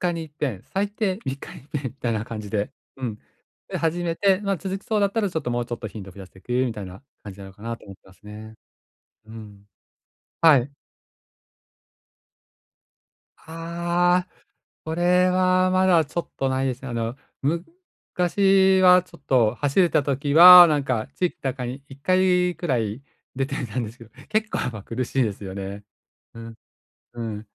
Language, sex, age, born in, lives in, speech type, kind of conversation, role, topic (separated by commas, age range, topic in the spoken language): Japanese, male, 45-49, Japan, Japan, conversation, advice, user, 買い物で何を優先して選べばいいですか？